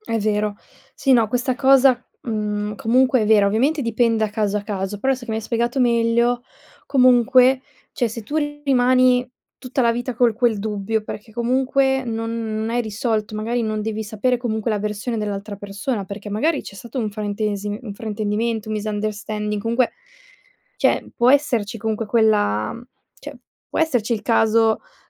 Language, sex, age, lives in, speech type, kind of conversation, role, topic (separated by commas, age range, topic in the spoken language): Italian, female, 20-24, Italy, conversation, advice, advisor, Come ti ritiri e ti isoli dopo piccoli conflitti?